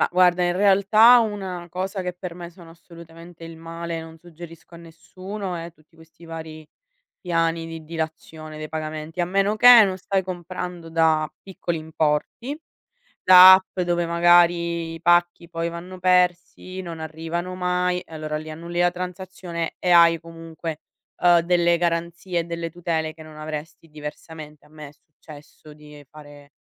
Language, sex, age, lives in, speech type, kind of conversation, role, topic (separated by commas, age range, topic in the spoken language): Italian, female, 60-64, Italy, conversation, podcast, guest, Come scegli tra la tua passione e la sicurezza economica?